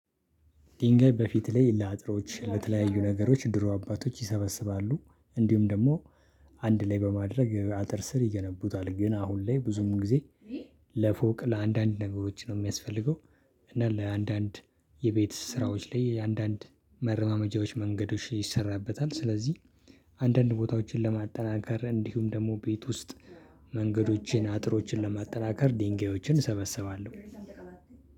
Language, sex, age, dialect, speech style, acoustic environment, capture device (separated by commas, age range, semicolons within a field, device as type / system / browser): Amharic, male, 25-34, Ethiopia - Addis Ababa, happy, people talking; silence, desktop / Linux / Chrome